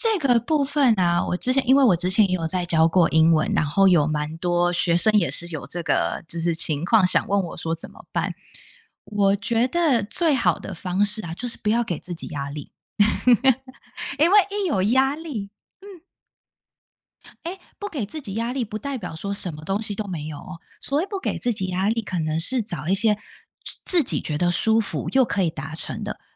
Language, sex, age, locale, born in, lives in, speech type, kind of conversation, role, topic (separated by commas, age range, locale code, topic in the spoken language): Chinese, female, 30-34, zh-TW, Taiwan, United States, conversation, unstructured, none, 你如何在学习和娱乐之间找到平衡？